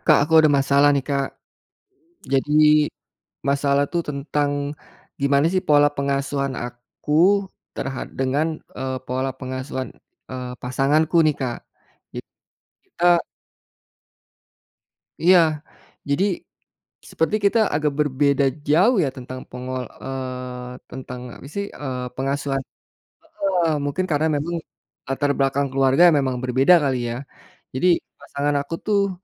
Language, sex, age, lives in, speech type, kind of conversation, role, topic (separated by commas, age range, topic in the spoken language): Indonesian, male, 45-49, Indonesia, conversation, advice, user, Bagaimana cara menyelesaikan konflik tentang aturan rumah dan ketidakkonsistenan dalam pengasuhan?